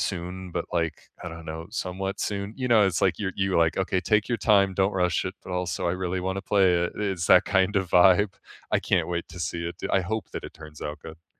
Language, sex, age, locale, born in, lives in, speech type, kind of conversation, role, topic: English, male, 25-29, en-US, United States, United States, conversation, unstructured, none, What unforgettable gaming moments shaped your love of games, and why do they still matter?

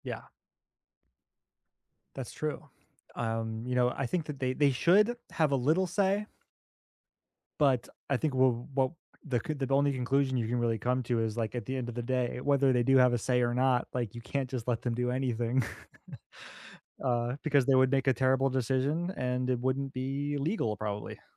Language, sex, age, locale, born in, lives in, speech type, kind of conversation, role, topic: English, male, 30-34, en-US, United States, United States, conversation, unstructured, none, Should students have more say in what they learn?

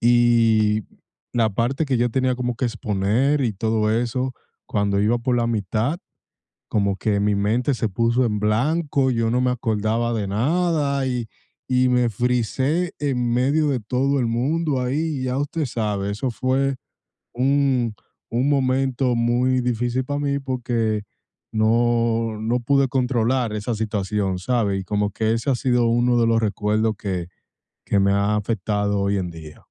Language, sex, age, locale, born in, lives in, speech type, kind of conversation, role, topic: Spanish, male, 25-29, es-DO, United States, United States, conversation, advice, user, ¿Cómo puedo controlar la ansiedad al hablar en público?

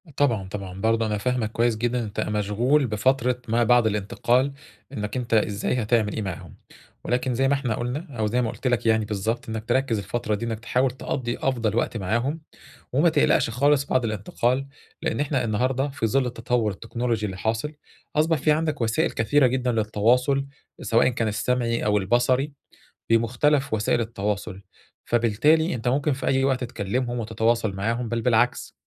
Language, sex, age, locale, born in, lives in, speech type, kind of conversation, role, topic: Arabic, male, 40-44, ar-EG, Egypt, Egypt, conversation, advice, advisor, إزاي أتعامل مع إحساس الحزن وقت الوداع والانتقال؟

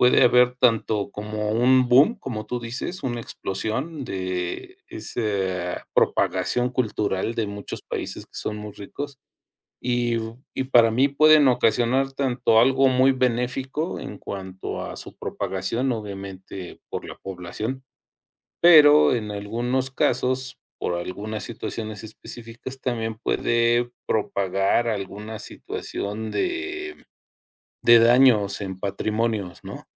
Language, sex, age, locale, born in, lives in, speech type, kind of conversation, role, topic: Spanish, male, 50-54, es-MX, Mexico, Mexico, conversation, unstructured, none, ¿Crees que la cultura cambia con la globalización? ¿Por qué?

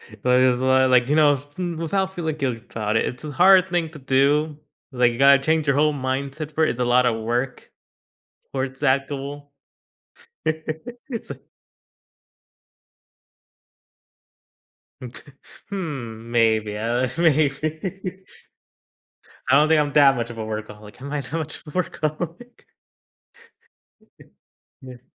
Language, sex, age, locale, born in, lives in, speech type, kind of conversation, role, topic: English, male, 20-24, en-US, United States, United States, conversation, advice, user, How can I better balance my work responsibilities with my personal life?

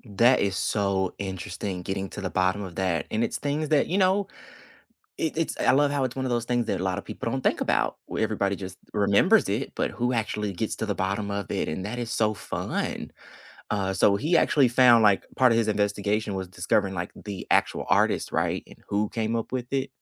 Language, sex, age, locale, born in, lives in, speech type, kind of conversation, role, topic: English, male, 25-29, en-US, United States, United States, conversation, unstructured, none, Which hidden-gem podcasts never leave your queue, and what keeps you coming back to them?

